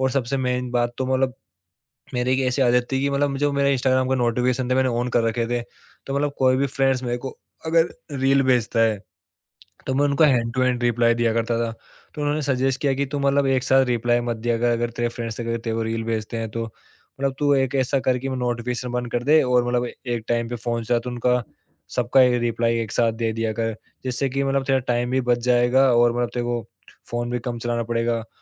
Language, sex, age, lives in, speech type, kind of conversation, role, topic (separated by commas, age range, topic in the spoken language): Hindi, male, 25-29, India, conversation, podcast, guest, Evening screen time ko kaatne ka tumhara tareeqa kya hai?